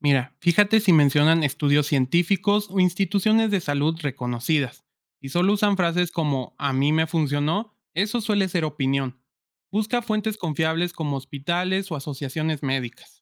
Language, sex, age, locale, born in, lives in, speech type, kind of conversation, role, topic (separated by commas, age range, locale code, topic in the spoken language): Spanish, male, 25-29, es-MX, Mexico, Mexico, read, expert-assistant, expert, ¿Cómo saber si lo que leo sobre cambiar hábitos está basado en estudios serios o solo en opiniones?